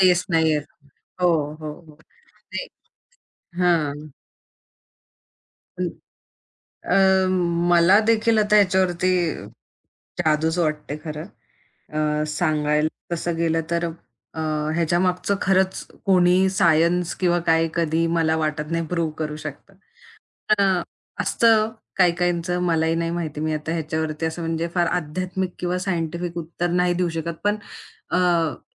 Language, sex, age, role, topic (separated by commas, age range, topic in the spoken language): Marathi, female, 25-29, guest, नवीन फ्लेवरसाठी प्रेरणा कुठून येते?